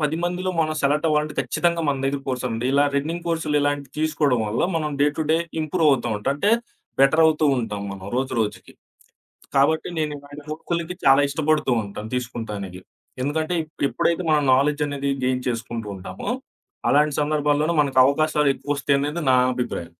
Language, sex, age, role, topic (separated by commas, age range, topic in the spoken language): Telugu, male, 25-29, guest, ఒక అంశంపై సరళమైన చిన్న కోర్సును ఎలా ప్రణాళిక చేయాలి?